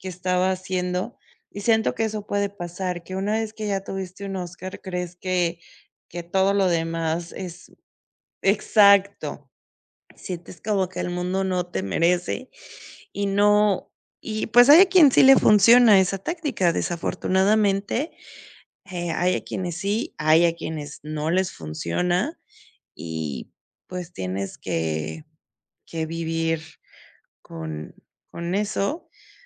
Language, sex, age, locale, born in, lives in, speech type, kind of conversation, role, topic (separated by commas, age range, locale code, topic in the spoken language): Spanish, female, 35-39, es-MX, Mexico, Mexico, conversation, unstructured, none, ¿Crees que los premios de cine y televisión siempre reconocen el talento real?